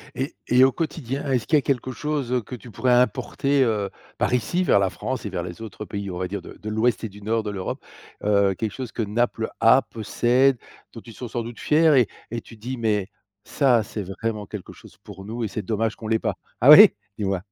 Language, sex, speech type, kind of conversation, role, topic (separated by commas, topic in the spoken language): French, male, conversation, podcast, host, Comment la langue de tes grands-parents se maintient-elle encore aujourd’hui ?